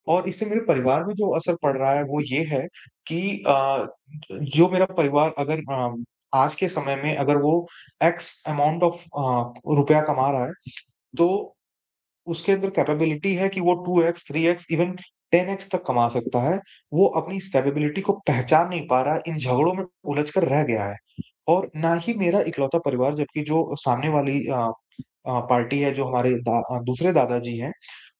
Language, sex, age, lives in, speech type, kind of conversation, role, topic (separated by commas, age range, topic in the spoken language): Hindi, male, 25-29, India, conversation, advice, user, पीढ़ियों से चले आ रहे पारिवारिक झगड़ों और संघर्ष के पैटर्न को आप कैसे बदल सकते हैं?